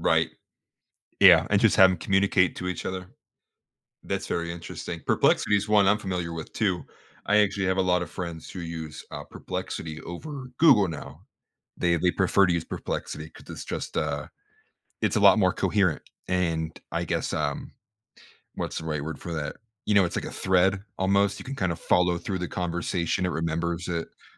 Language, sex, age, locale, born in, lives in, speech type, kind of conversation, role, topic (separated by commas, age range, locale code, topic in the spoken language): English, male, 25-29, en-US, United States, United States, conversation, podcast, host, What strategies help you turn ambitious goals into practical actions?